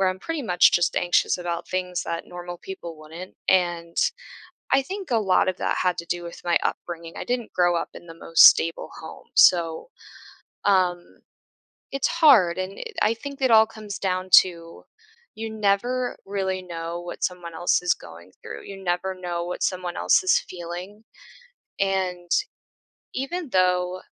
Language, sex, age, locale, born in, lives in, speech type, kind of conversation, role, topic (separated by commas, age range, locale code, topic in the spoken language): English, female, 25-29, en-US, United States, United States, conversation, podcast, host, What are thoughtful ways to be there for a friend facing mental health challenges?